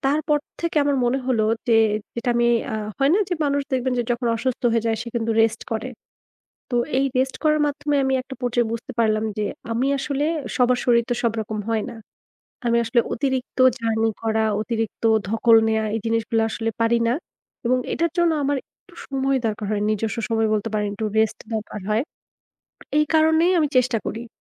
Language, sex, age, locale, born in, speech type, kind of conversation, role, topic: Bengali, female, 35-39, bn-BD, Bangladesh, conversation, podcast, guest, তোমার স্বাভাবিক দিনের সবচেয়ে প্রিয় মুহূর্তটা কী?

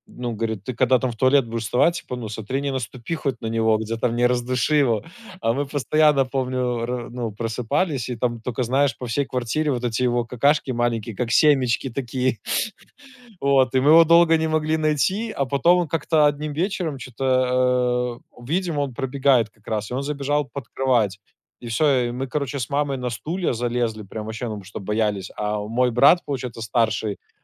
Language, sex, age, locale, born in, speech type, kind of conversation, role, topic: Russian, male, 25-29, ru-RU, Belarus, conversation, unstructured, none, Как животные влияют на наше эмоциональное состояние?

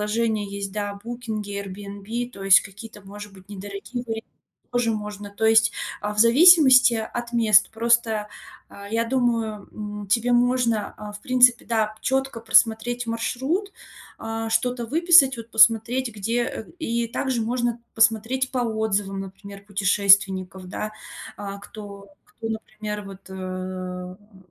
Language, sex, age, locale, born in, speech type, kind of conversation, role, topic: Russian, female, 30-34, ru-RU, Russia, conversation, advice, advisor, Как спланировать короткий отпуск, если у меня мало свободного времени?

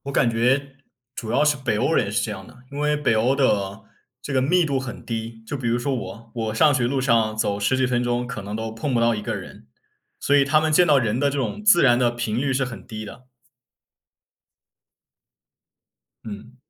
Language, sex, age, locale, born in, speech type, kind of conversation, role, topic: Chinese, male, 20-24, zh-CN, China, conversation, unstructured, none, 你最近有没有遇到什么让你特别开心或难忘的事情？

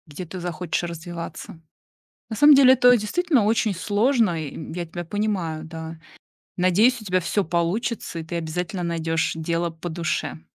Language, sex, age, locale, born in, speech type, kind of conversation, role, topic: Russian, female, 40-44, ru-RU, Russia, conversation, advice, advisor, Как мне определиться с выбором следующей карьеры, если я не уверен(а)?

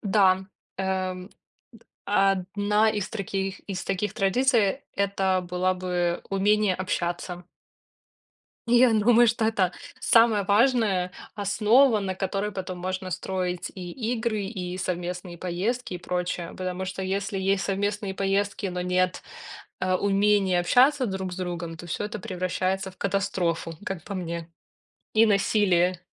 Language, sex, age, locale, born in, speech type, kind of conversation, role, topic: Russian, female, 30-34, ru-RU, Belarus, conversation, podcast, guest, Какие обычаи вы хотели бы передать своим детям?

